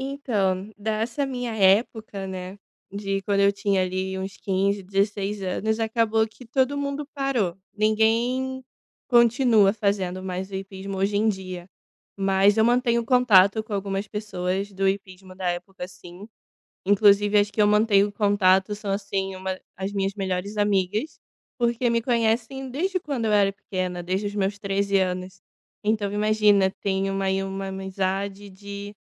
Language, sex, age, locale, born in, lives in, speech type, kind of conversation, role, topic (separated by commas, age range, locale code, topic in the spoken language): Portuguese, female, 25-29, pt-BR, Brazil, Italy, conversation, podcast, guest, Que hobby te fez fazer amigos inesperados?